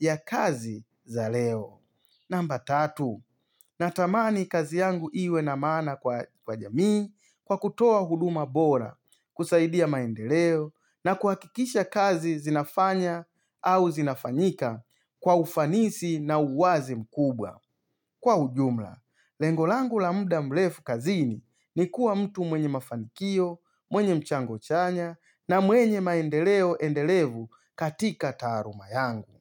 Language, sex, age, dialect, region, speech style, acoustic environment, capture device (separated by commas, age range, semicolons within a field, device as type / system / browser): Swahili, male, 35-44, Tanzania - Dar es Salaam, Tanzania, focused; excited; happy; relaxed, silence, mobile / Linux / Chrome